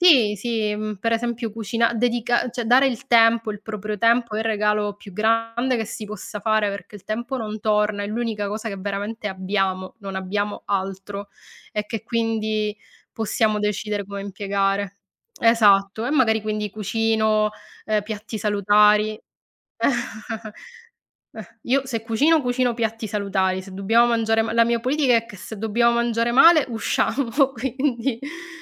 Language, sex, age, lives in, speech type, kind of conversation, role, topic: Italian, female, 40-44, Italy, conversation, unstructured, none, Quali piccoli gesti possono migliorare una relazione?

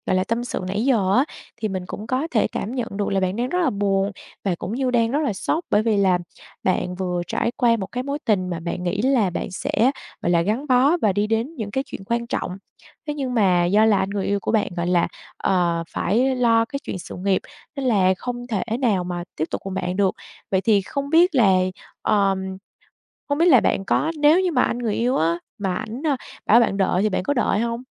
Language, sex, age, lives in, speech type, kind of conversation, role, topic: Vietnamese, female, 25-29, Vietnam, conversation, advice, advisor, Làm sao để chấp nhận sự thật sau khi vừa chia tay?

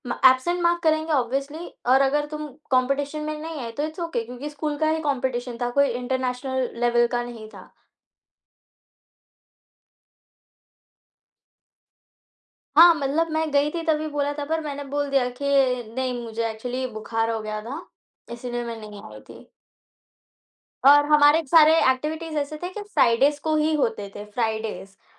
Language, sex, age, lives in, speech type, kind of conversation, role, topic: Hindi, female, 18-19, India, conversation, podcast, guest, Aapne apni jhijhak aur insecurity chhodkar apna aatmvishvaas dobara kaise badhaya?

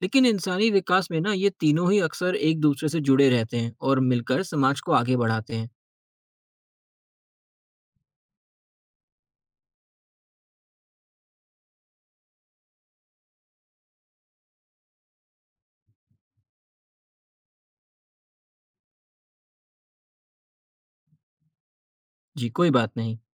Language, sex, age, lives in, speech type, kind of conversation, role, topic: Hindi, male, 20-24, India, read, expert-assistant, expert, इंसानों के दिमाग़ के विकास में कौन सा ज़्यादा अहम रहा—खाना पकाना या औज़ार बनाना?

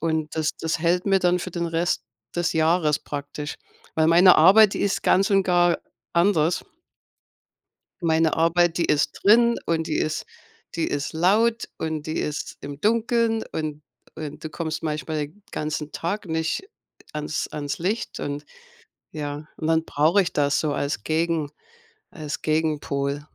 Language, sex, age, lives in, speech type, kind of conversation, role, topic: German, female, 55-59, United States, conversation, unstructured, none, Was ist dein Lieblingsort, um dem Alltag zu entfliehen und neue Energie zu tanken?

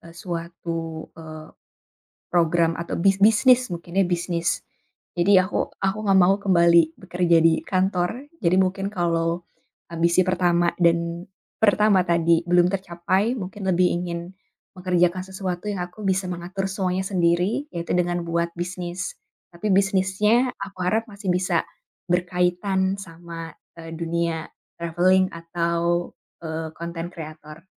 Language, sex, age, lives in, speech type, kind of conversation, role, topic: Indonesian, female, 25-29, Indonesia, conversation, podcast, guest, Apa ambisimu dalam lima atau sepuluh tahun ke depan?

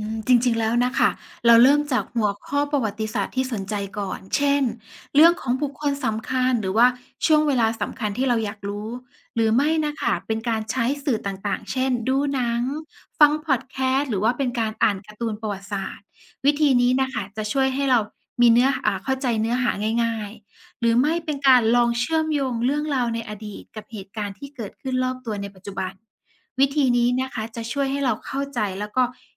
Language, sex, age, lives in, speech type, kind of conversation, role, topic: Thai, female, 35-39, Thailand, read, expert-assistant, expert, การเรียนรู้เรื่องประวัติศาสตร์มีความสำคัญต่อชีวิตประจำวันอย่างไร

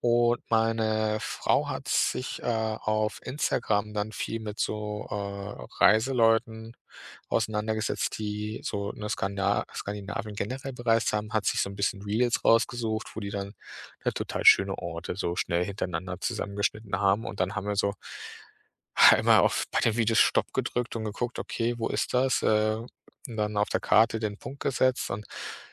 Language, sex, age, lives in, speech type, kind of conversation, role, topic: German, male, 30-34, Germany, conversation, podcast, guest, Kannst du mir von deinem stärksten Naturerlebnis erzählen?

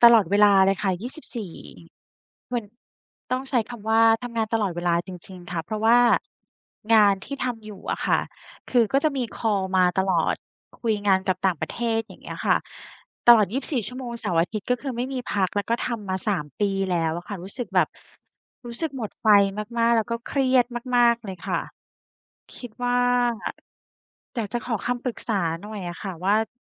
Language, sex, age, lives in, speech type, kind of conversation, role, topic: Thai, female, 35-39, Thailand, conversation, advice, user, ฉันควรรับมือกับความกังวลเรื่องผลกระทบทางการเงินอย่างไร หากต้องหยุดพักรักษาตัวเพราะหมดไฟ?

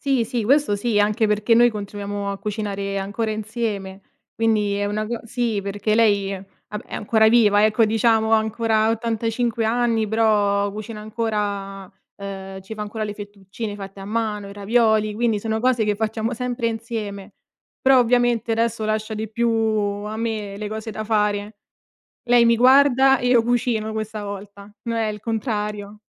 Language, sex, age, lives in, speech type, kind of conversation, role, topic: Italian, female, 25-29, Italy, conversation, podcast, guest, Qual è il ruolo del cibo nella memoria familiare?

